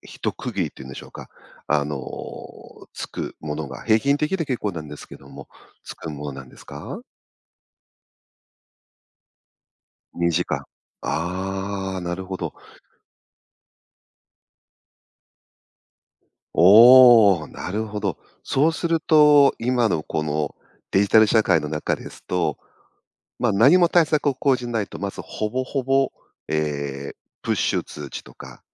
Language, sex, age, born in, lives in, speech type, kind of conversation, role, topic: Japanese, male, 50-54, Japan, Japan, conversation, advice, advisor, 頻繁な中断があっても、どうすれば深い作業を続けられますか？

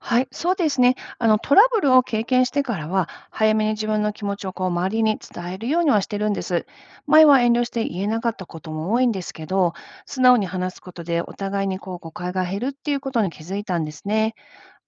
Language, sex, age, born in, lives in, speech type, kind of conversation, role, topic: Japanese, female, 50-54, Japan, United States, read, expert-assistant, asker, 最近、世界の宗教が若い世代にあまり支持されなくなっている理由は何でしょうか？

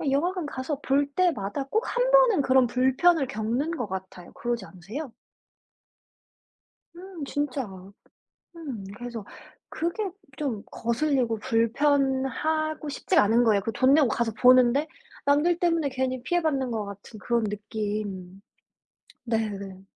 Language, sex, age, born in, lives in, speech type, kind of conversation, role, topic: Korean, female, 25-29, South Korea, United States, conversation, unstructured, none, 영화는 영화관에서 보는 게 더 좋으신가요, 아니면 집에서 편하게 보는 게 더 좋으신가요?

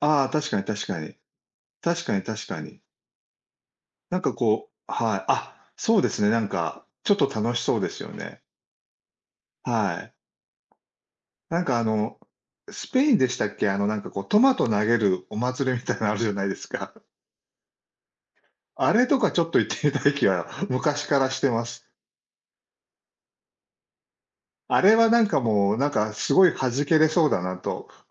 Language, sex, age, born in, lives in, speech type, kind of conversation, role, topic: Japanese, male, 60-64, Japan, Japan, conversation, unstructured, none, 旅行でいちばん楽しかった瞬間はどんなときでしたか？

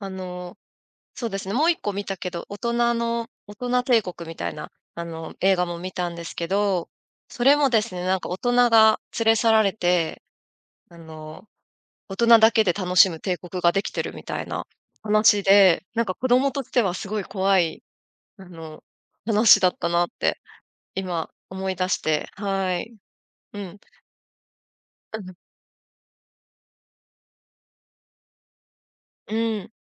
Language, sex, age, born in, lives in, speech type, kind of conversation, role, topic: Japanese, female, 35-39, Japan, Japan, conversation, podcast, guest, 子どもの頃に好きだったアニメは何ですか？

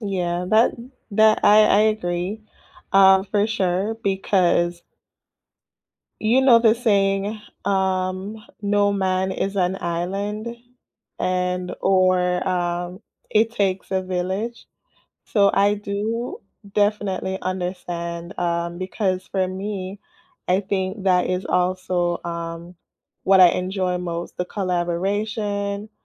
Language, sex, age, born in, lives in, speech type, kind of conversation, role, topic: English, female, 30-34, Jamaica, United States, conversation, unstructured, none, What is the best part of working in a team?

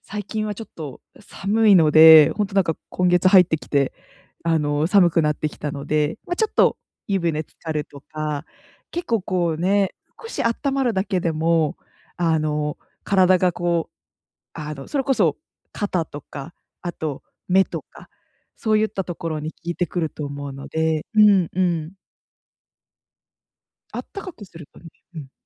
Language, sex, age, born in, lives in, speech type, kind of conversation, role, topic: Japanese, female, 25-29, Japan, Japan, conversation, advice, advisor, 毎日の忙しさの中で、休む時間をどう習慣にできますか？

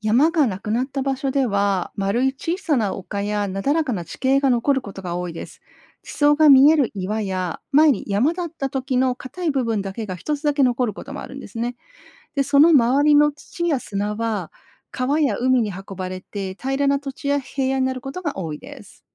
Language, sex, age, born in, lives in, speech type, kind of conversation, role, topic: Japanese, female, 50-54, Japan, Japan, read, expert-assistant, expert, どうして山はできるのか？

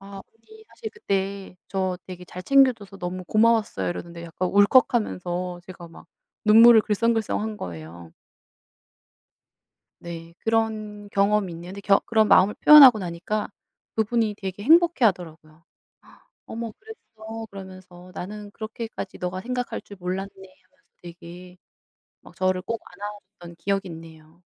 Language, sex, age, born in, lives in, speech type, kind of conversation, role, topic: Korean, female, 35-39, South Korea, South Korea, conversation, unstructured, none, 어떤 순간에 감사함을 가장 크게 느끼시나요?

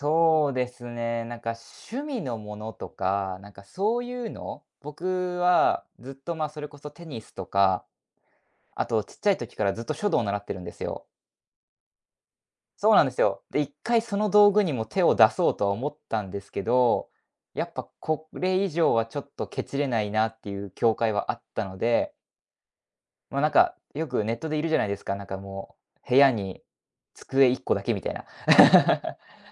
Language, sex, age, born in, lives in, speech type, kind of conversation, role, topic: Japanese, male, 20-24, Japan, Japan, conversation, podcast, guest, 暮らしをシンプルにするために、あなたが続けている小さな習慣は何ですか？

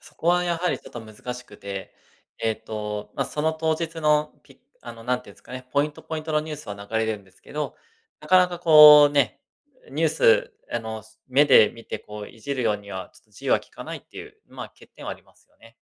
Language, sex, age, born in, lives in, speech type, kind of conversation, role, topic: Japanese, male, 35-39, Japan, Japan, conversation, advice, advisor, 寝る前の画面を見る時間を減らすにはどうすればいいですか？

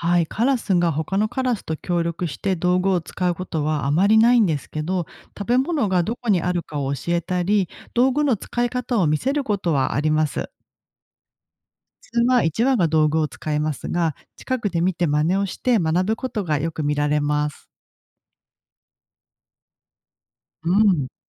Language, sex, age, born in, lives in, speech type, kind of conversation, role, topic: Japanese, female, 40-44, Japan, Japan, read, expert-assistant, expert, どうしてカラスは道具を使うのか？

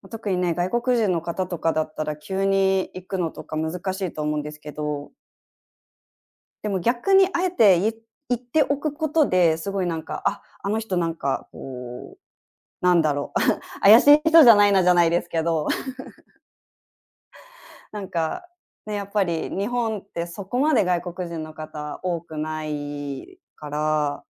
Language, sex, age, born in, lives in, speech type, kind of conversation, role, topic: Japanese, female, 30-34, Japan, Japan, conversation, podcast, guest, ご近所での挨拶はどれくらい大切だと思いますか？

